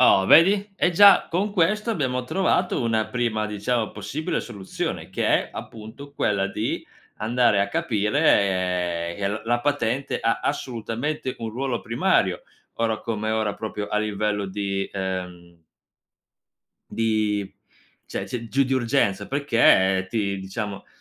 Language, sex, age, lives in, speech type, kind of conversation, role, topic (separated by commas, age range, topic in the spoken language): Italian, male, 25-29, Italy, conversation, advice, advisor, Come posso gestire un sovraccarico di obiettivi e la difficoltà a stabilire le priorità?